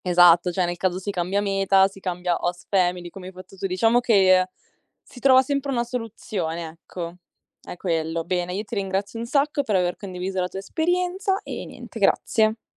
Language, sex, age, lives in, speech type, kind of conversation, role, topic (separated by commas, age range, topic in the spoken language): Italian, female, 20-24, Italy, conversation, podcast, host, Raccontami di un viaggio che ti ha trasformato